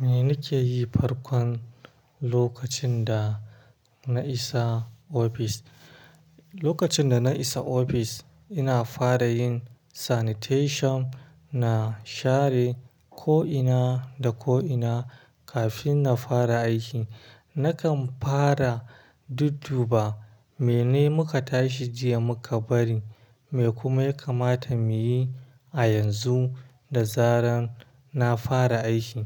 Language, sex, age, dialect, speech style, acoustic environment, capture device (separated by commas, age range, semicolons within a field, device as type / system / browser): Hausa, male, 25-34, Nigeria - Kano, happy; stressed, traffic; silence, mobile / Linux / Chrome